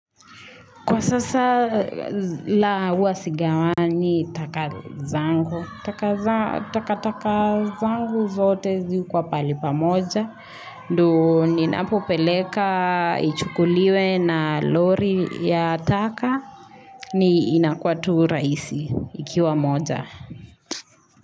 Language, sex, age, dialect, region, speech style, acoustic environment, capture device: Swahili, female, 25-34, Kenya - Nairobi Sheng-influenced, Kenya, relaxed, nature, mobile / Linux / Chrome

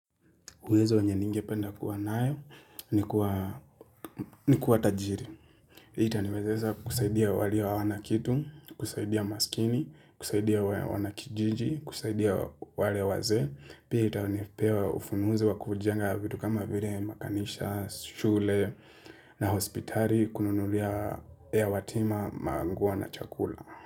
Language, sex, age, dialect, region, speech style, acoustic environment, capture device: Swahili, male, 25-34, Kenya - Nairobi Sheng-influenced, Kenya, relaxed, silence, mobile / Linux / Chrome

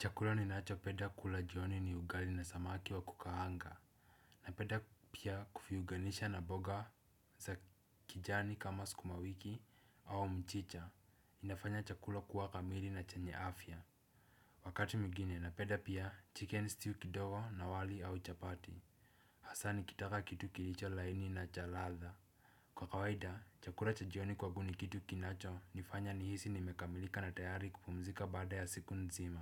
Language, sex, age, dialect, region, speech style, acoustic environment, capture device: Swahili, male, 18-24, Kenya - Nairobi Sheng-influenced, Kenya, relaxed, silence, desktop / Windows / Chrome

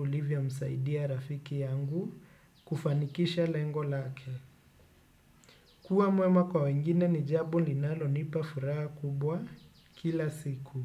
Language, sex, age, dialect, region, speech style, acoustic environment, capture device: Swahili, male, 25-34, Kenya - Nairobi Sheng-influenced, Kenya, relaxed, nature; appliances, desktop / Windows / Chrome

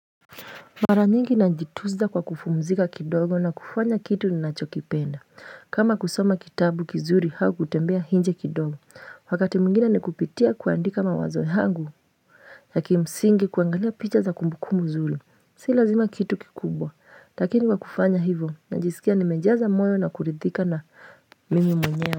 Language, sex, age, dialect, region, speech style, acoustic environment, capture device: Swahili, female, 25-34, Kenya - Nairobi Sheng-influenced, Kenya, happy, silence, mobile / Linux / Chrome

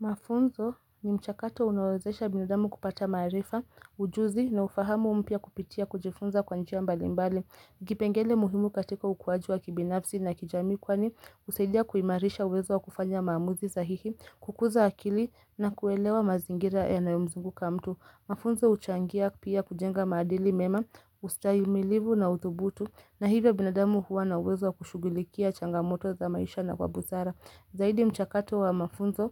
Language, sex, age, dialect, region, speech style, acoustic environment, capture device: Swahili, female, 25-34, Kenya - Mombasa, Kenya, happy, silence, mobile / Linux / Chrome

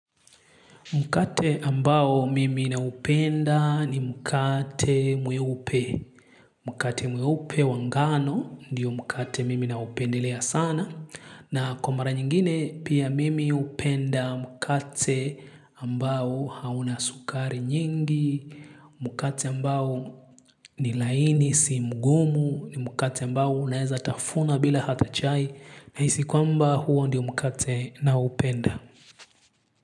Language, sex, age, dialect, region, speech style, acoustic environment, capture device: Swahili, male, 25-34, Kenya - Nairobi Sheng-influenced, Kenya, relaxed; excited; focused, silence, mobile / Linux / Chrome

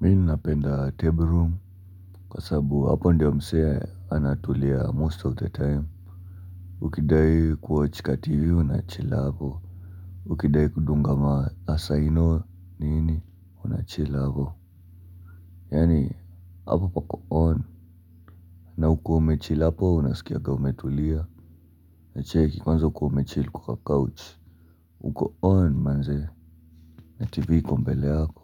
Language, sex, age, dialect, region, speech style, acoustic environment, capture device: Swahili, male, 18-24, Kenya - Nairobi Sheng-influenced, Kenya, relaxed, music; appliances; people talking, mobile / Linux / Chrome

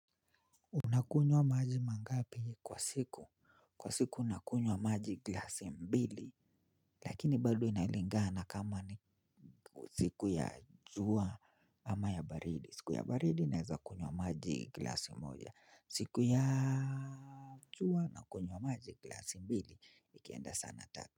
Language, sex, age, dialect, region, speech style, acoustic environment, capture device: Swahili, male, 25-34, Kenya - Nairobi Sheng-influenced, Kenya, relaxed, silence, mobile / Linux / Chrome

